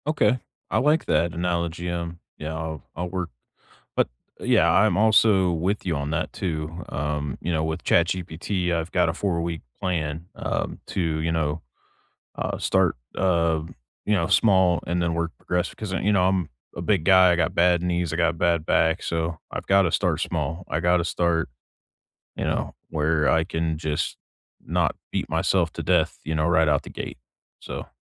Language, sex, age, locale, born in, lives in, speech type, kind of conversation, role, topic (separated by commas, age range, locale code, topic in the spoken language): English, male, 40-44, en-US, United States, United States, conversation, unstructured, none, What small rituals start or end your day, and why do they matter to you?